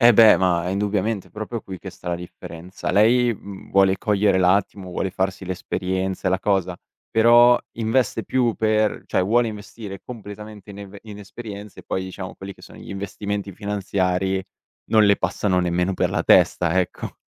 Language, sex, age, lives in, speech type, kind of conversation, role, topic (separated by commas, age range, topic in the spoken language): Italian, male, 18-19, Italy, conversation, advice, user, Come possiamo gestire i litigi ripetuti con il partner su soldi e budget familiare?